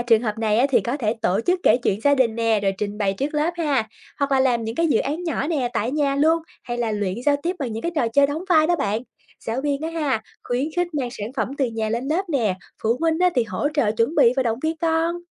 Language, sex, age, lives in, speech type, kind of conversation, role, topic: Vietnamese, female, 25-29, Vietnam, read, expert-assistant, expert, Nếu bạn được quyền đổi mới chương trình học, bạn sẽ thêm vào những hoạt động hoặc chủ đề nào để tạo hứng thú cho người học?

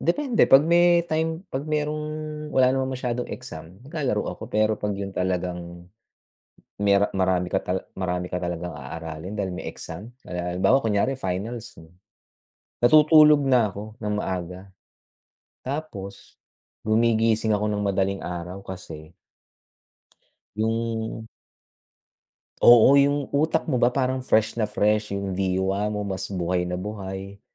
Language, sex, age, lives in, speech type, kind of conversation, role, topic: Filipino, male, 45-49, United States, conversation, unstructured, none, Paano mo nilalabanan ang stress tuwing may pagsusulit?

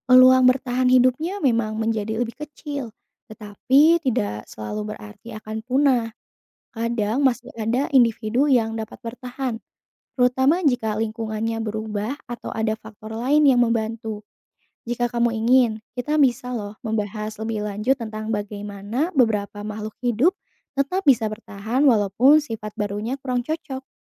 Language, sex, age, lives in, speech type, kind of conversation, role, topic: Indonesian, female, 25-29, Indonesia, read, expert-assistant, expert, Bagaimana Cara Mudah Menjelaskan Evolusi kepada Anak-anak?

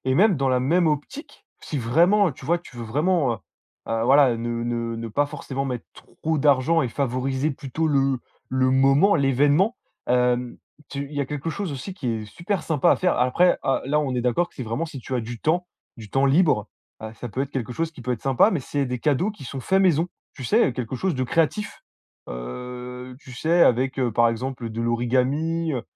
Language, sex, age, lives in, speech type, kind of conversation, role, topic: French, male, 20-24, France, conversation, advice, advisor, Comment gérer la pression financière liée aux célébrations quand je me sens obligé(e) d’y participer ?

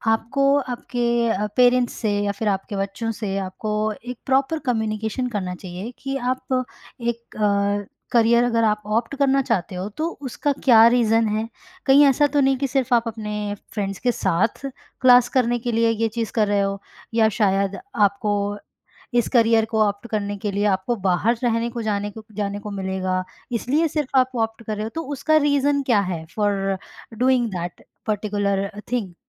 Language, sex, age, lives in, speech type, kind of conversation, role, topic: Hindi, female, 25-29, India, conversation, podcast, guest, Kya aapke ghar mein padhai aur safalta ko lekar aap par dabav hota hai?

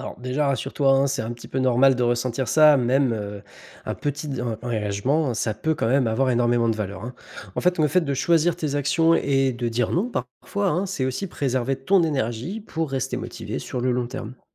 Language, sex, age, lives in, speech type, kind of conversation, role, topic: French, male, 25-29, France, read, expert-assistant, expert, Comment rester engagé dans une cause qui nous tient à cœur sans négliger le reste de sa vie ?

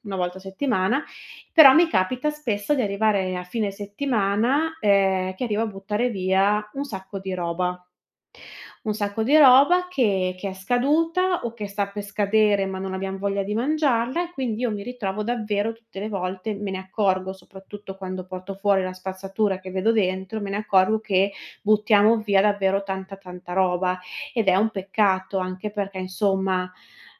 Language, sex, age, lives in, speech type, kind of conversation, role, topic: Italian, female, 30-34, Italy, conversation, advice, user, Come posso ridurre gli sprechi per risparmiare sulla spesa?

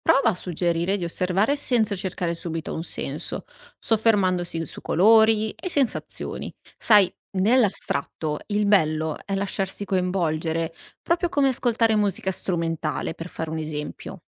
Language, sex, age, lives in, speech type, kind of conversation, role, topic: Italian, female, 60-64, Italy, read, expert-assistant, expert, Come posso spiegare il valore di un’opera d’arte astratta a chi pensa che sia solo una macchia di colore?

